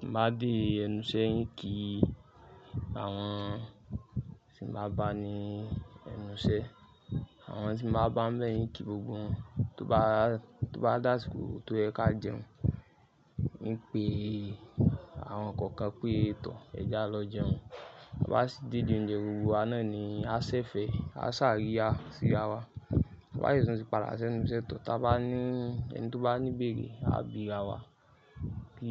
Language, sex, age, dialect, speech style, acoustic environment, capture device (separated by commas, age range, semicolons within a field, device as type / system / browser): Yoruba, male, 25-34, Nigeria - Lagos Yoruba, focused, nature, mobile / Linux / Chrome